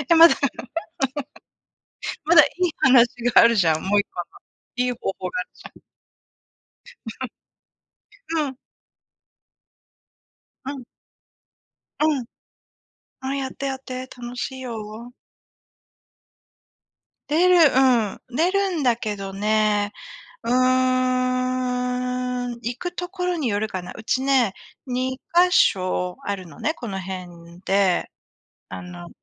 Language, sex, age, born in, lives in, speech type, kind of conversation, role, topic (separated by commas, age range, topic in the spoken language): Japanese, female, 45-49, Japan, United States, conversation, unstructured, none, 仕事や学校の後は、どのようにリラックスしていますか？